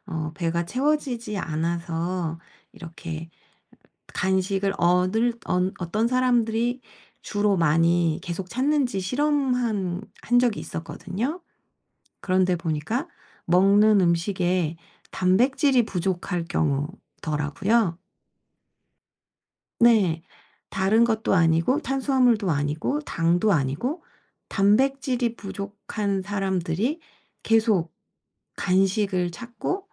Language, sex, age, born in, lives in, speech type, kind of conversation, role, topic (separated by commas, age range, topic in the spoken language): Korean, female, 45-49, South Korea, South Korea, conversation, advice, advisor, 일상에서 음식 섭취를 더 의식적으로 관리하려면 어떻게 시작하면 좋을까요?